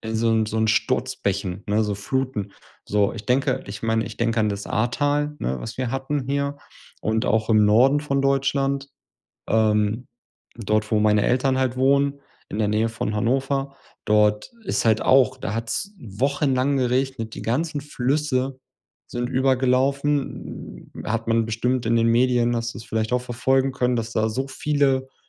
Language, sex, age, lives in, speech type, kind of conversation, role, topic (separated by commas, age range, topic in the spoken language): German, male, 30-34, Germany, conversation, unstructured, none, Wie bedrohen Naturkatastrophen unsere Gesellschaft?